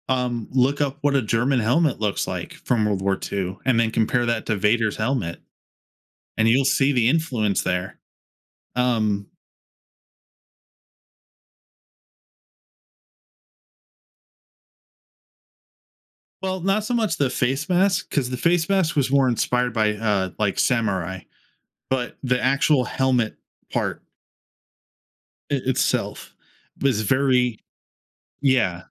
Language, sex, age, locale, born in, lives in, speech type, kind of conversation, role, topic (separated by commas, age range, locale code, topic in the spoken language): English, male, 30-34, en-US, United States, United States, conversation, podcast, guest, Why do some movies leave a lasting impact on us while others fade from memory?